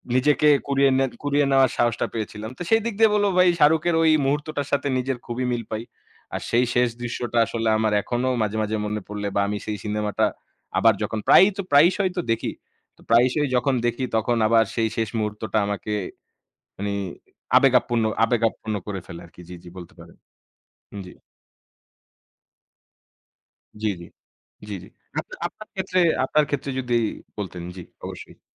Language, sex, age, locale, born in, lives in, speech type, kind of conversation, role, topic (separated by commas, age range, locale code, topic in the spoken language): Bengali, male, 25-29, bn-BD, Bangladesh, Bangladesh, conversation, unstructured, none, কোন সিনেমার শেষ দৃশ্য আপনাকে সবচেয়ে বেশি দুঃখ দিয়েছে?